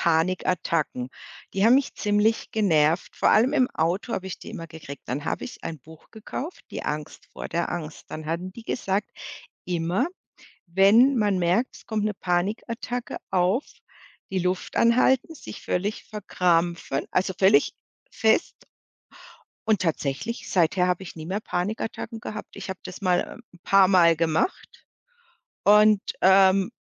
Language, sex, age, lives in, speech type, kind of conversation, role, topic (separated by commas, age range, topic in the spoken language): German, female, 55-59, Germany, conversation, podcast, host, Welche einfachen Atemübungen nutzt du, um Stress zu reduzieren?